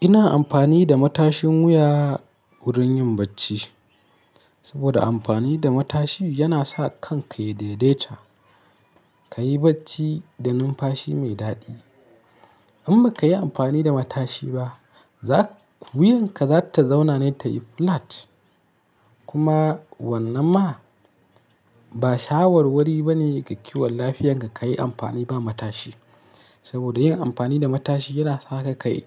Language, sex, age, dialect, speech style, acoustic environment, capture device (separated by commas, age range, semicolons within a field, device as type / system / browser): Hausa, male, 25-34, Nigeria - Kano, happy, silence; nature, mobile / Linux / Chrome